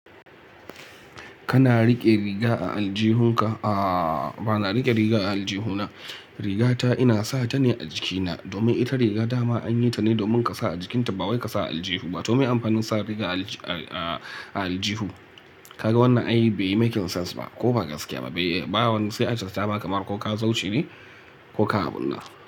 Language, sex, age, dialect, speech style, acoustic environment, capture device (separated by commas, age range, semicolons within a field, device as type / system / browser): Hausa, male, 25-34, Nigeria - Kano, relaxed; focused, silence, mobile / Linux / Chrome